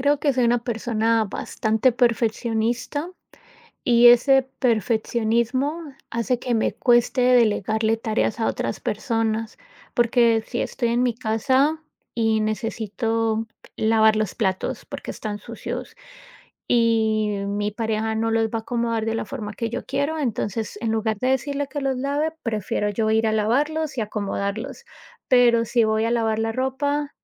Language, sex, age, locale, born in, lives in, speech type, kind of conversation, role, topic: Spanish, female, 35-39, es-CO, United States, United States, conversation, advice, user, ¿Cómo te has sentido cuando el estrés diario te abruma y no logras calmar la mente?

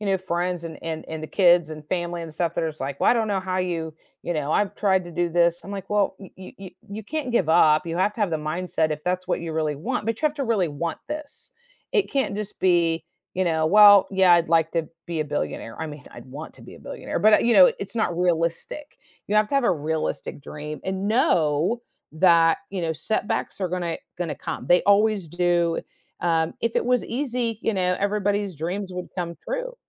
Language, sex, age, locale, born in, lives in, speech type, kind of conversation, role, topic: English, female, 50-54, en-US, United States, United States, conversation, podcast, guest, How do you handle setbacks when working toward your dreams?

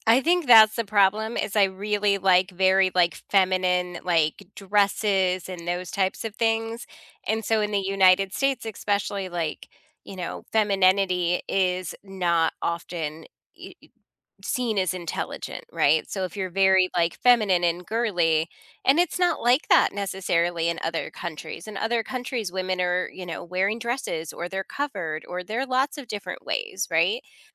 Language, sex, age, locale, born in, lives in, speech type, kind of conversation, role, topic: English, female, 40-44, en-US, United States, United States, conversation, unstructured, none, What do you wish people noticed first about you, and how could you help them notice it sooner?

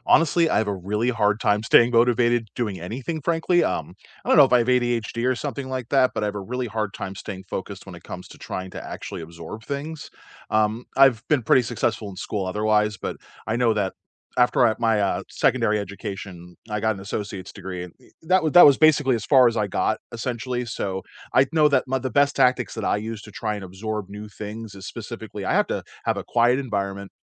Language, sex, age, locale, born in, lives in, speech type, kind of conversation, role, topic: English, male, 35-39, en-US, United States, United States, conversation, unstructured, none, How do you stay motivated when learning something new?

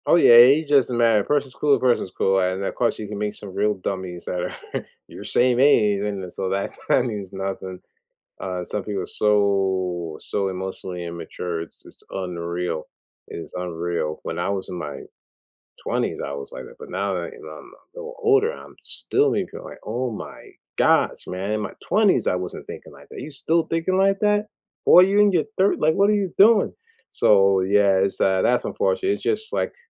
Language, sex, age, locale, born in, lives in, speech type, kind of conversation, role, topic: English, male, 40-44, en-US, United States, United States, conversation, unstructured, none, What are some low-pressure ways to meet new people as an adult and feel genuinely comfortable?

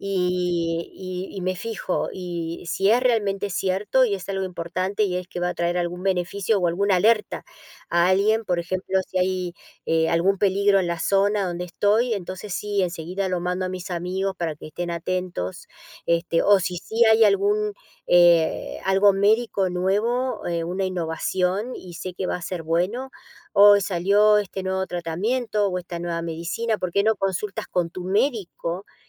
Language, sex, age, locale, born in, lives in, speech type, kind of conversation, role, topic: Spanish, female, 55-59, es-AR, Argentina, United States, conversation, unstructured, none, ¿Cómo crees que las noticias falsas afectan a la sociedad?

